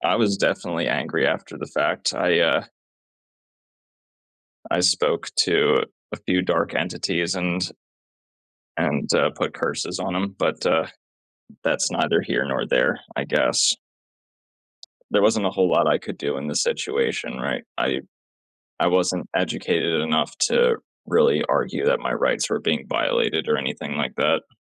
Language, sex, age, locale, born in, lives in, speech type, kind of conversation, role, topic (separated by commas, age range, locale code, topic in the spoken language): English, male, 30-34, en-US, United States, United States, conversation, unstructured, none, How do experiences with unfair punishment shape our sense of justice?